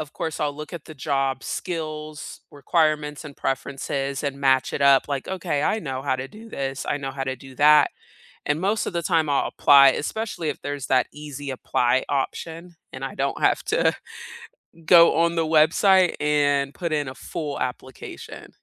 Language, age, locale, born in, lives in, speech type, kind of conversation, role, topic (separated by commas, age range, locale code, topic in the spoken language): English, 30-34, en-US, South Korea, United States, conversation, unstructured, none, How do you determine your value and communicate it when negotiating pay and raises?